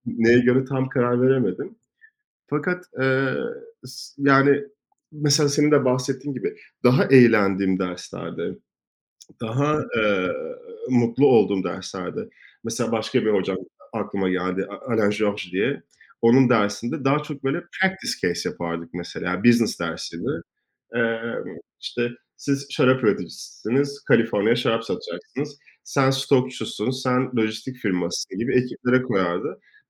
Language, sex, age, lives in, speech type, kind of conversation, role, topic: Turkish, male, 30-34, France, conversation, unstructured, none, Seni en çok şaşırtan eğitim deneyimi neydi?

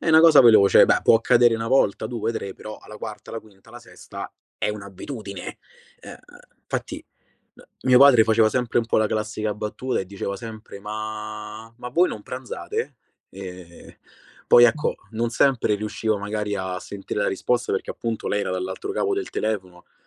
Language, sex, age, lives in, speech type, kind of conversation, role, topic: Italian, male, 25-29, Italy, conversation, podcast, guest, Come gestisci le interruzioni di familiari o coinquilini mentre lavori o sei concentrato?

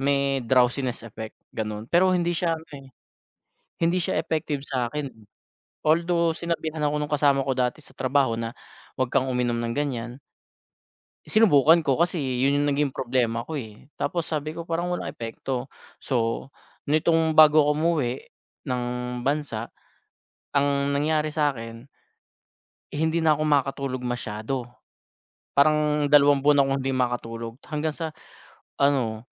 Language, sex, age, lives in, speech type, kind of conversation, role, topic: Filipino, male, 30-34, Philippines, conversation, advice, user, Nababahala ka ba sa pag-inom ng gamot o alak para makatulog?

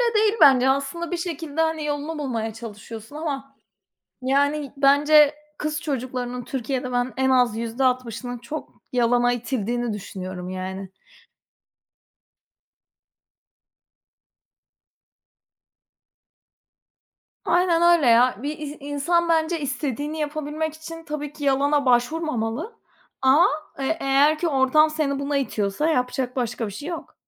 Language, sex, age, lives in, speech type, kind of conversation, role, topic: Turkish, female, 30-34, Portugal, conversation, unstructured, none, Yalan söylemek her zaman kötü müdür?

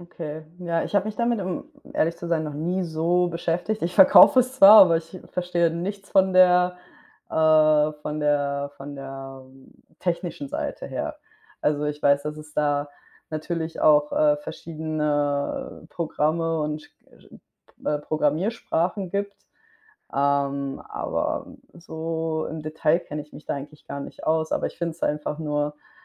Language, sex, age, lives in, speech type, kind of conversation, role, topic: German, female, 25-29, Spain, conversation, unstructured, none, Was denkst du über künstliche Intelligenz?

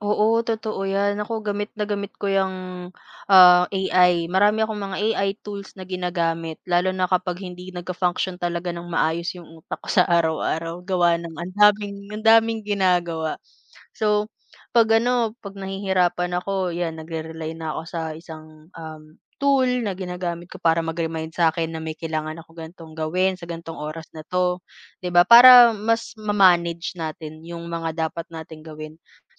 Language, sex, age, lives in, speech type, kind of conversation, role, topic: Filipino, female, 25-29, Philippines, conversation, unstructured, none, Ano ang pinaka-kapansin-pansing pagbabago sa teknolohiya sa nakaraang dekada?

